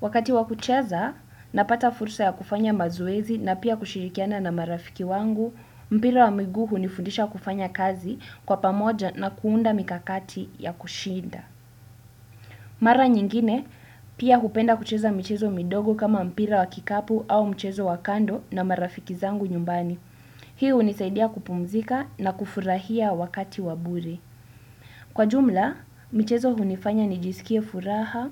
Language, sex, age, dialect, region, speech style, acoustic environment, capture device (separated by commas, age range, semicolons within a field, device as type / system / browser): Swahili, female, 18-24, Kenya - Nairobi Sheng-influenced, Kenya, relaxed; focused, silence, desktop / Windows / Chrome